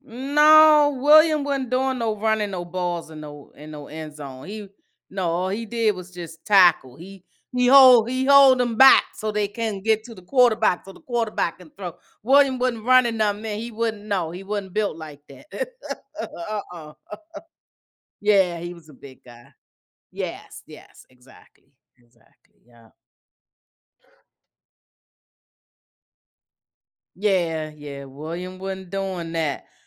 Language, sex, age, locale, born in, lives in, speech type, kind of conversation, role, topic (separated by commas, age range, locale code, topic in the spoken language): English, female, 55-59, en-US, United States, United States, conversation, podcast, host, Why do you think sports have the power to unite people from different backgrounds?